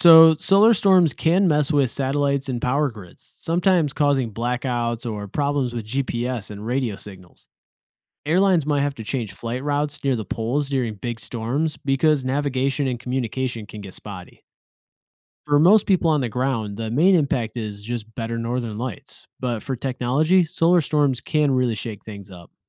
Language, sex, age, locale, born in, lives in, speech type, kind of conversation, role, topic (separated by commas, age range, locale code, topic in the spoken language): English, male, 30-34, en-US, United States, United States, read, expert-assistant, expert, What causes the Northern Lights to appear?